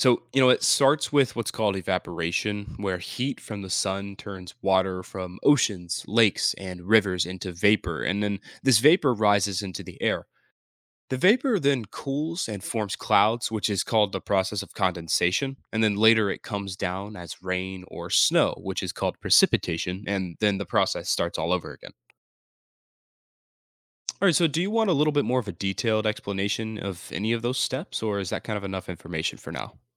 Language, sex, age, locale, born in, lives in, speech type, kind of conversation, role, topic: English, male, 18-19, en-US, United States, United States, read, expert-assistant, expert, What is the water cycle and how does it work?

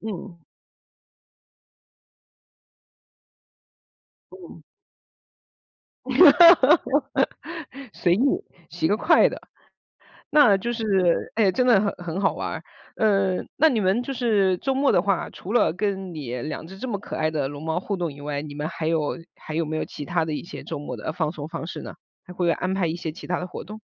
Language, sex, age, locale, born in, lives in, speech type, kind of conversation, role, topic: Chinese, female, 40-44, zh-CN, China, United States, conversation, podcast, host, 你周末最喜欢用什么方式放松自己？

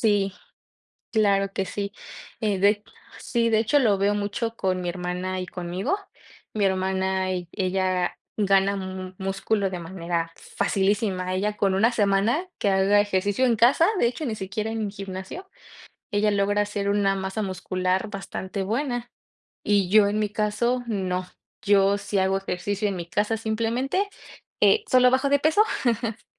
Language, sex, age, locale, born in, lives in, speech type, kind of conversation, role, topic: Spanish, female, 25-29, es-MX, Mexico, Mexico, conversation, unstructured, none, ¿Crees que el ejercicio puede mejorar tu estado de ánimo?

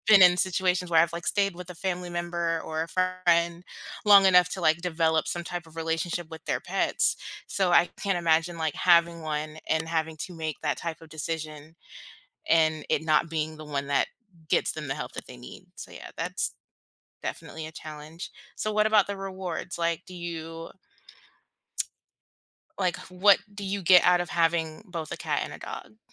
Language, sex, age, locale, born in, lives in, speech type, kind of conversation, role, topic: English, female, 25-29, en-US, United States, United States, conversation, unstructured, none, What are your thoughts on keeping cats versus dogs as pets?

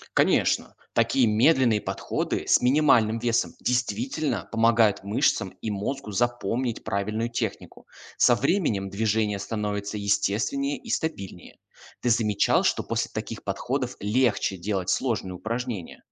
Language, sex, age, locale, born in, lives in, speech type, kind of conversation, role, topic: Russian, male, 20-24, ru-RU, Russia, Germany, read, expert-assistant, expert, Какие способы помогают лучше и быстрее освоить технику упражнений в силовом тренинге?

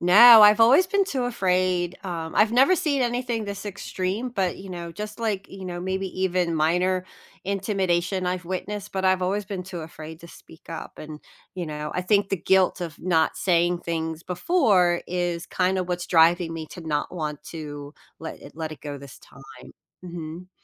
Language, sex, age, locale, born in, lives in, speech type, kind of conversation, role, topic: English, female, 45-49, en-US, United States, United States, conversation, advice, user, How should I respond when I witness someone being bullied?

